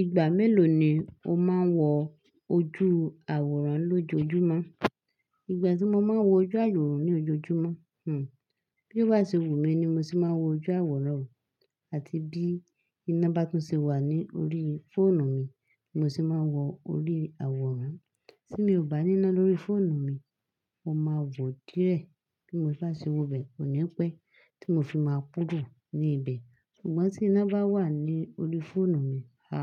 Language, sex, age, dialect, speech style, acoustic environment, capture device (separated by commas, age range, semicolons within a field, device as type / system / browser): Yoruba, female, 25-34, Nigeria - Lagos Yoruba, focused, silence, desktop / Linux / Chrome